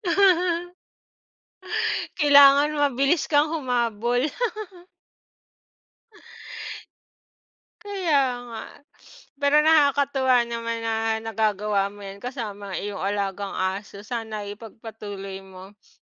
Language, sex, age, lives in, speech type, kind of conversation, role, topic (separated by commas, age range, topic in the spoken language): Filipino, female, 35-39, Philippines, conversation, unstructured, none, Ano ang pinakamainam mong paraan ng pagsisimula ng umaga tuwing Sabado at Linggo?